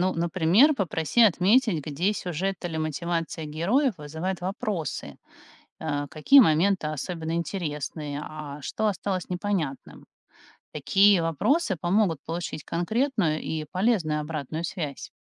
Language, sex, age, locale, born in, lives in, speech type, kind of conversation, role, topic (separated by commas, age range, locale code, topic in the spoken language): Russian, female, 45-49, ru-RU, Russia, United States, read, expert-assistant, expert, Как выстроить поэтапный план написания романа: от идеи до рукописи?